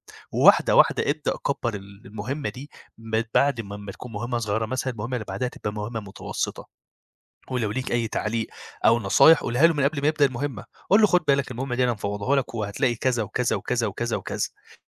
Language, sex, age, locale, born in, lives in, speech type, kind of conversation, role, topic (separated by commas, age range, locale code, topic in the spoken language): Arabic, male, 25-29, ar-EG, Egypt, Egypt, conversation, advice, advisor, إزاي أقدر أفوّض شغل لحد تاني من غير ما أخاف إني أفقد السيطرة أو إن الشغل يطلع مش بالكفاءة اللي محتاجها؟